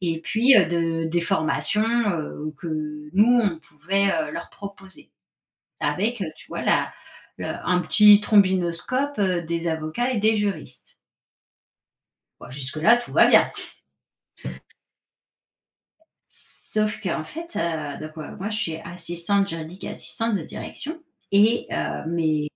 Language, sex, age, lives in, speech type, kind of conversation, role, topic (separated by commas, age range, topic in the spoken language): French, female, 40-44, France, conversation, advice, user, Comment surmonter la peur de commencer un nouveau travail par crainte d’échouer ?